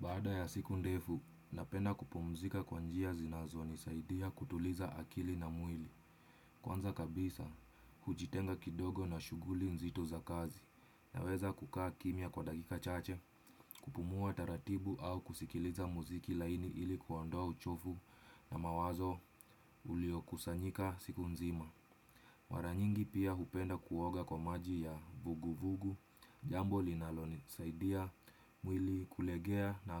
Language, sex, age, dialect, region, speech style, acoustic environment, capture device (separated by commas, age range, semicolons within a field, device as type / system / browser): Swahili, male, 25-34, Kenya - Nairobi Sheng-influenced, Kenya, stressed, silence, desktop / Windows / Chrome